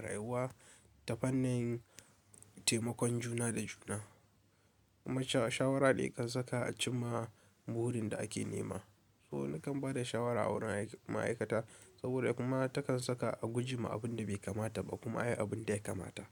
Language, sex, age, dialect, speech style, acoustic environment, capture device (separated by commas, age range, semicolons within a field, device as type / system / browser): Hausa, male, 18-24, Nigeria - Kano, focused, appliances; nature, desktop / Linux / Chrome